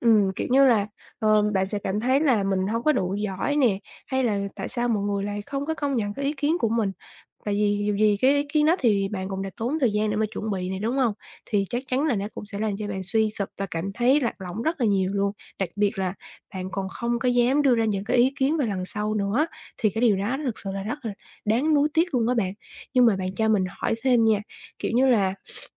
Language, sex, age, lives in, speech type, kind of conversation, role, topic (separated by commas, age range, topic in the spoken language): Vietnamese, female, 20-24, Vietnam, conversation, advice, advisor, Làm sao để tách lời phê bình khỏi giá trị bản thân?